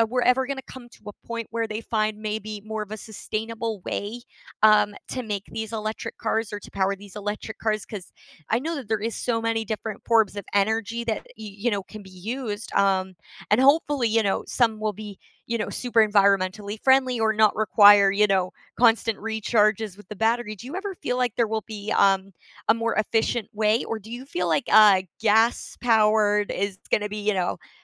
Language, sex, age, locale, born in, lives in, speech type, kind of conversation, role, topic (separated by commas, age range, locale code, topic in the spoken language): English, female, 20-24, en-CA, Canada, Canada, conversation, unstructured, none, Should you keep your old car longer or switch to a newer, more efficient one?